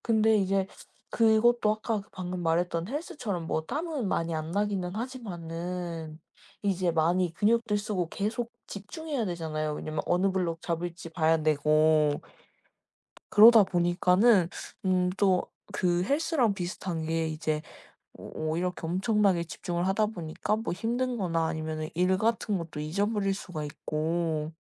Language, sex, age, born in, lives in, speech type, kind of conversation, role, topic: Korean, female, 20-24, South Korea, Japan, conversation, unstructured, none, 일과 삶의 균형을 어떻게 맞추고 계신가요?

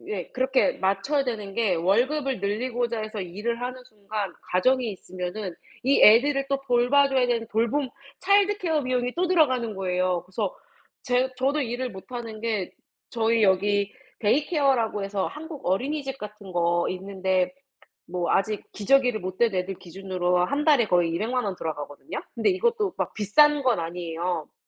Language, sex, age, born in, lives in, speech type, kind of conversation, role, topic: Korean, female, 30-34, South Korea, United States, conversation, unstructured, none, 월급을 받아도 늘 빠듯하다고 느끼는 이유는 뭐라고 생각하세요?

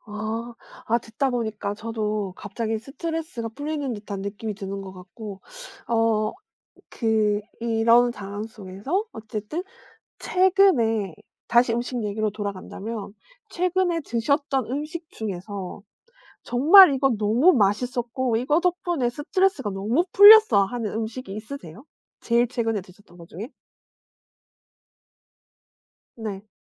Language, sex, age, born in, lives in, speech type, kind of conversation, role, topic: Korean, female, 40-44, South Korea, South Korea, conversation, podcast, host, 스트레스를 받을 때 가장 먼저 떠오르는 위안 음식은 무엇인가요?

